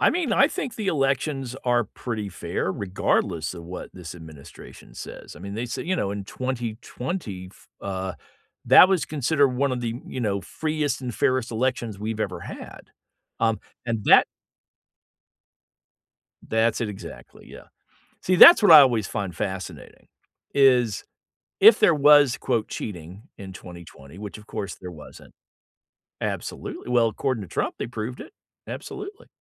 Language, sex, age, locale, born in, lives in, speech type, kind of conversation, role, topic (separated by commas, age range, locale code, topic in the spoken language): English, male, 60-64, en-US, United States, United States, conversation, unstructured, none, How do you feel about the fairness of elections today?